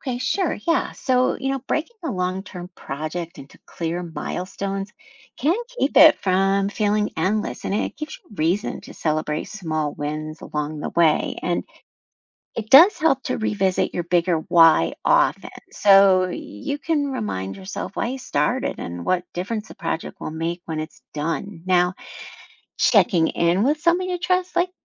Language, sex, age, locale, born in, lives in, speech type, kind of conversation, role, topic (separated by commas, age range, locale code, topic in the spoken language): English, female, 55-59, en-US, United States, United States, read, expert-assistant, expert, How can we stay motivated when facing challenges?